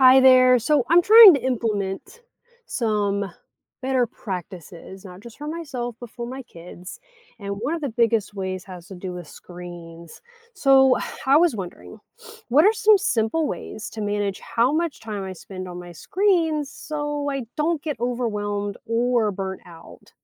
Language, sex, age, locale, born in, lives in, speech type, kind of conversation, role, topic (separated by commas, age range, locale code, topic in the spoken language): English, female, 35-39, en-US, United States, United States, read, expert-assistant, asker, What are effective ways to manage your screen time and prevent digital burnout?